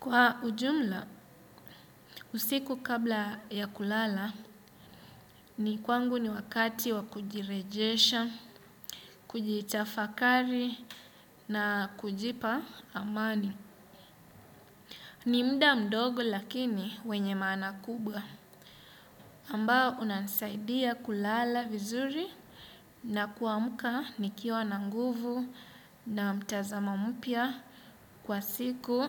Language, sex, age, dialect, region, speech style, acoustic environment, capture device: Swahili, male, 25-34, Kenya - Mombasa, Kenya, relaxed, nature, desktop / Windows / Chrome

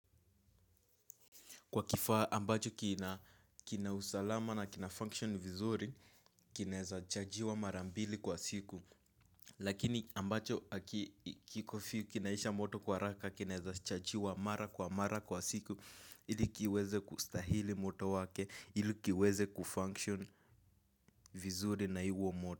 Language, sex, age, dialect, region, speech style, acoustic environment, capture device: Swahili, male, 18-24, Kenya - Nairobi Sheng-influenced, Kenya, nervous; excited; focused; relaxed, nature, mobile / Linux / Chrome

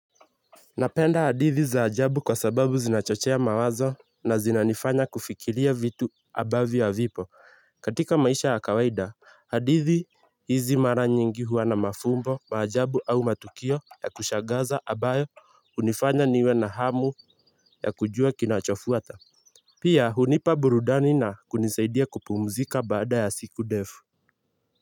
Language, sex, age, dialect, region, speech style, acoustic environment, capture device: Swahili, male, 18-24, Kenya - Nairobi Sheng-influenced, Kenya, happy, nature, mobile / Linux / Chrome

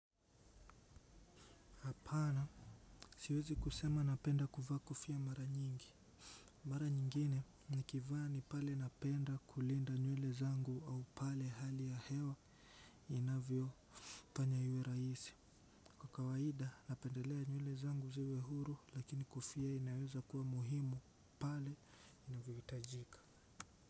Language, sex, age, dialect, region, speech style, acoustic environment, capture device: Swahili, male, 18-24, Kenya - Mombasa, Kenya, relaxed, silence, desktop / Windows / Chrome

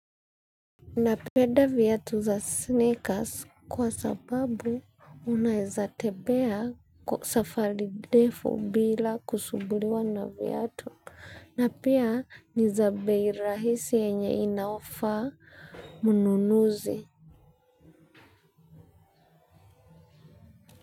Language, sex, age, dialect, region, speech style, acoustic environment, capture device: Swahili, female, 25-34, Kenya - Nairobi Sheng-influenced, Kenya, anxious, nature, mobile / Linux / Chrome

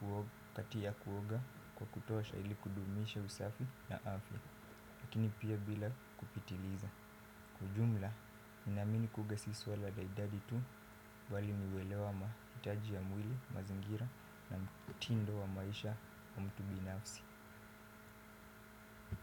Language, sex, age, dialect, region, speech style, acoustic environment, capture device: Swahili, male, 18-24, Kenya - Mombasa, Kenya, focused, silence, desktop / Windows / Firefox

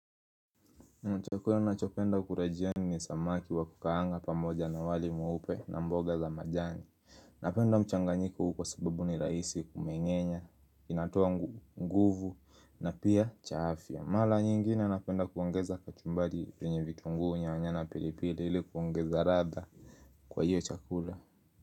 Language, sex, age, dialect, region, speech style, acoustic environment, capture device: Swahili, male, 25-34, Kenya - Nairobi Sheng-influenced, Kenya, happy; focused, music; nature, mobile / Linux / Chrome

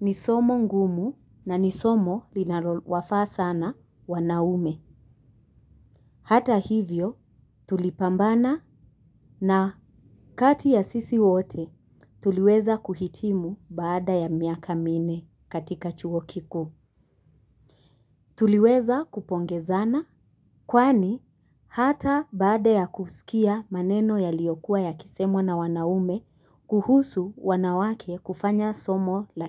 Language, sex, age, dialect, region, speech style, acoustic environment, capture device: Swahili, female, 25-34, Kenya - Mombasa, Kenya, focused, nature, mobile / Linux / Chrome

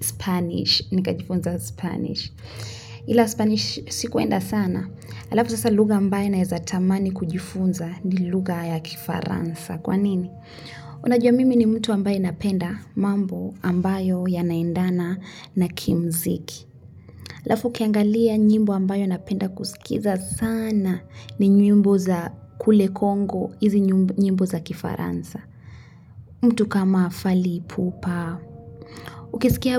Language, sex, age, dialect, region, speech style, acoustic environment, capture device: Swahili, female, 25-34, Kenya - Nairobi Sheng-influenced, Kenya, relaxed, music, mobile / Linux / Chrome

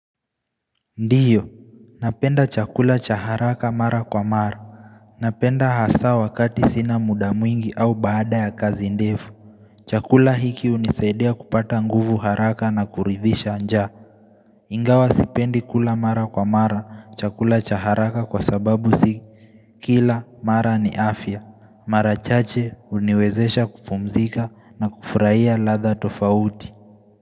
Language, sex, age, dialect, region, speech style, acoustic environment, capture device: Swahili, male, 18-24, Kenya - Nairobi Sheng-influenced, Kenya, relaxed; focused, nature; silence; traffic; appliances, mobile / Linux / Chrome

